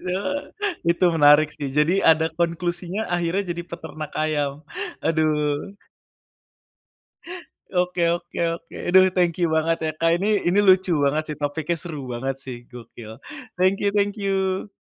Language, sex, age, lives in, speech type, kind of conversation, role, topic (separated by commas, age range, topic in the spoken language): Indonesian, male, 25-29, Indonesia, conversation, podcast, host, Apa pengalaman paling berkesan yang pernah kamu alami bersama keluarga?